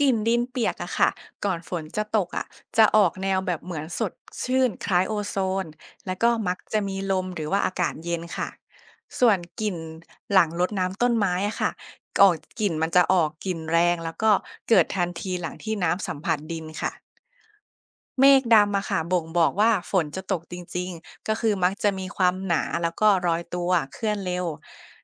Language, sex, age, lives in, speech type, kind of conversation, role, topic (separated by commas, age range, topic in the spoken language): Thai, female, 25-29, Thailand, read, expert-assistant, expert, ข้อดีข้อเสียของการใช้แอปพยากรณ์อากาศในชีวิตประจำวันของคนไทย มีอะไรบ้าง?